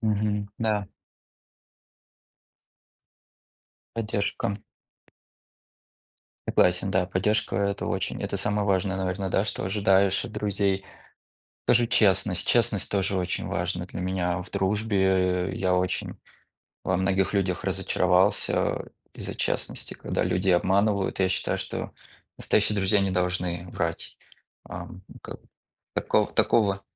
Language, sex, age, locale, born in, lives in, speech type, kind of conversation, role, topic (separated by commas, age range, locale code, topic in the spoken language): Russian, male, 30-34, ru-RU, Russia, United States, conversation, unstructured, none, Что для тебя значит слово «дружба»?